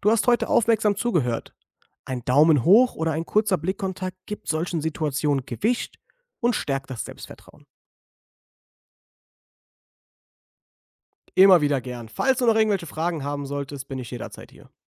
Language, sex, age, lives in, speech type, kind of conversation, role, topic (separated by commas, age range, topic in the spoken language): German, male, 25-29, Germany, read, expert-assistant, expert, Welche kleinen Veränderungen im Familienalltag könnten Kindern helfen, eigenständiger zu werden?